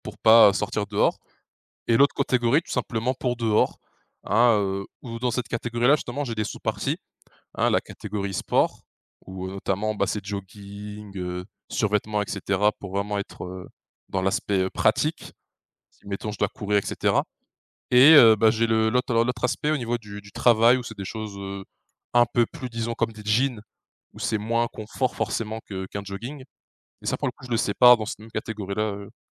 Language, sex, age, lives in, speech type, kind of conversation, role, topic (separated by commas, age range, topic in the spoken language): French, male, 20-24, France, conversation, podcast, guest, Comment décrirais-tu ton style personnel ?